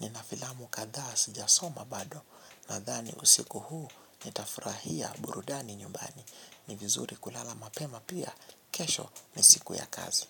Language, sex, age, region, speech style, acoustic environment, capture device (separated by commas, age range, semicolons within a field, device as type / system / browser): Swahili, male, 18-24, Kenya, relaxed, nature, mobile / Linux / Chrome